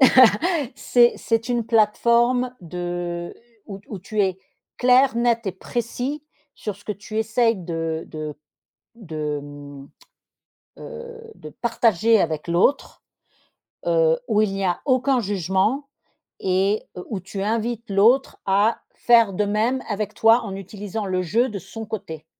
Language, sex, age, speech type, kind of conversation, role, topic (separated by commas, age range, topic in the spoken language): French, female, 50-54, conversation, podcast, host, Comment utilises-tu le « je » pour t’exprimer ?